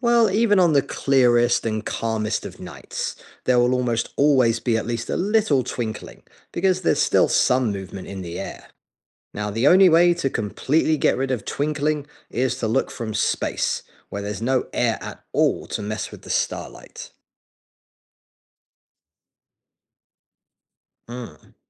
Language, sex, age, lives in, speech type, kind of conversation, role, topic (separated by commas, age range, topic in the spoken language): English, male, 40-44, United States, read, expert-assistant, expert, Why do we see stars twinkle at night?